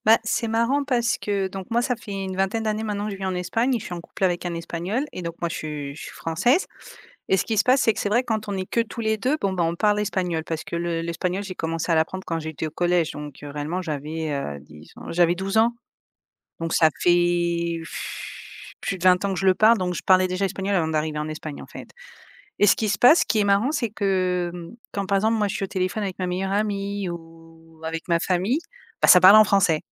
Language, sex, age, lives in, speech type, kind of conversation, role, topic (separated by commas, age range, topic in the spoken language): French, female, 35-39, Spain, conversation, podcast, guest, Comment gérez-vous le mélange de langues à la maison ?